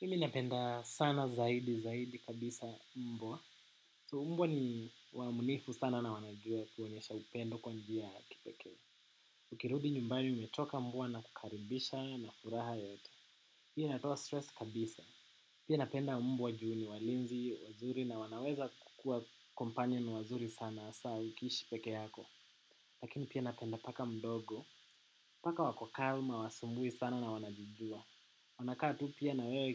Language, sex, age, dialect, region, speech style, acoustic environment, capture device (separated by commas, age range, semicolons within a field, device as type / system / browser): Swahili, male, 18-24, Kenya - Nairobi Sheng-influenced, Kenya, happy, nature, desktop / macOS / Chrome